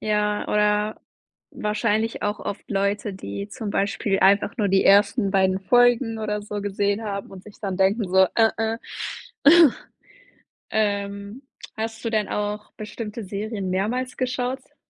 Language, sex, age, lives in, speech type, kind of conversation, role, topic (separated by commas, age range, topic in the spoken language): German, female, 20-24, Germany, conversation, podcast, host, Was macht für dich eine Serie spannend und so fesselnd, dass du sie am Stück weiterschaust?